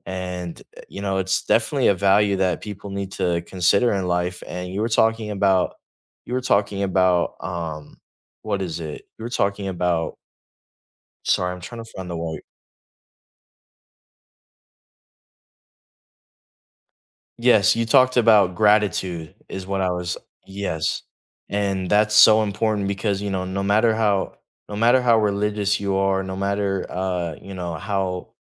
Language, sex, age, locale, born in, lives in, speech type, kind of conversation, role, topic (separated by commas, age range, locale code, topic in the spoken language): English, male, 18-19, en-US, United States, United States, conversation, unstructured, none, What values do you think are most important in life?